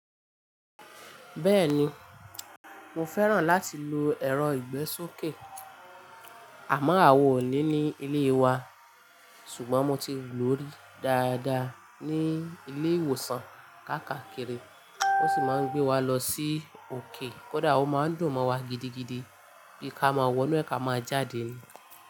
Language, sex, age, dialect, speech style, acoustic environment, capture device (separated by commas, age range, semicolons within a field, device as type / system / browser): Yoruba, female, 25-34, Nigeria - Ibadan, excited, appliances, mobile / Linux / Chrome